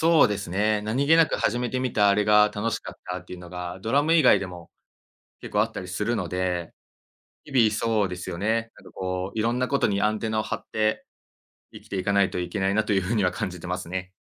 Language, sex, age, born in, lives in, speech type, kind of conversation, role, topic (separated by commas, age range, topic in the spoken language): Japanese, male, 25-29, Japan, Japan, conversation, podcast, guest, 人生で最も影響を受けた経験は何ですか？